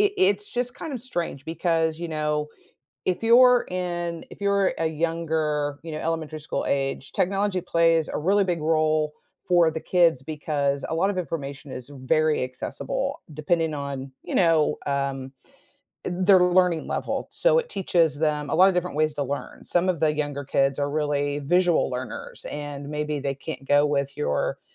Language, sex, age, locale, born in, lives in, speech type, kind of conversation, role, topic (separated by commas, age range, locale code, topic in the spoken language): English, female, 50-54, en-US, United States, United States, conversation, podcast, guest, What role does technology play in education today?